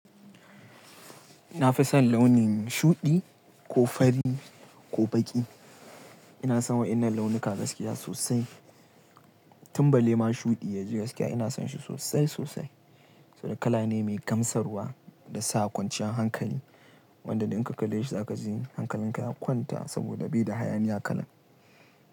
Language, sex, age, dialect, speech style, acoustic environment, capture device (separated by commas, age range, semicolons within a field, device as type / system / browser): Hausa, male, 18-24, Nigeria - Kano, relaxed, nature, mobile / Linux / Chrome